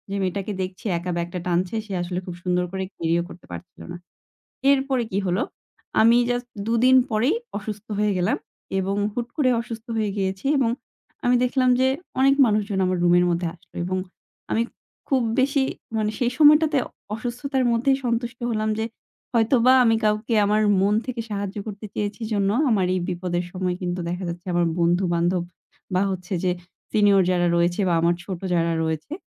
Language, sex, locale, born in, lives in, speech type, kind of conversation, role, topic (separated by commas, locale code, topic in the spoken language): Bengali, female, bn-BD, Bangladesh, Bangladesh, conversation, podcast, guest, কাউকে সাহায্য করার পর হঠাৎ কী আশ্চর্য ফল দেখেছো?